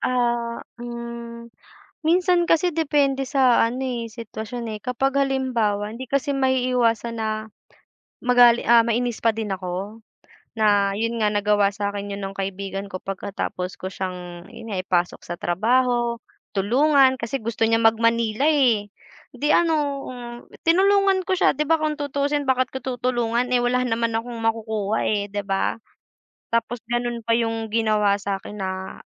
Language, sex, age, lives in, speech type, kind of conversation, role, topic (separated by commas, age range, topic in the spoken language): Filipino, female, 20-24, Philippines, conversation, unstructured, none, Ano ang ginagawa mo kapag naiinis ka sa tuwing naaalala mo ang isang tao?